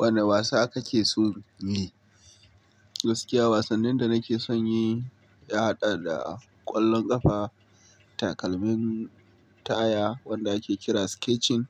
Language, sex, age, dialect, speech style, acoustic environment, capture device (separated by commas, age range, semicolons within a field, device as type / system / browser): Hausa, male, 25-34, Nigeria - Kano, relaxed, nature, mobile / Linux / Chrome